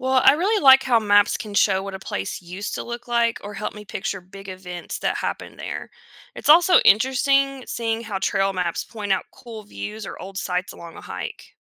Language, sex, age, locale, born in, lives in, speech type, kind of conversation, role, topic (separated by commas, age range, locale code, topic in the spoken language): English, female, 35-39, en-US, United States, United States, read, expert-assistant, asker, How do maps help people understand the world?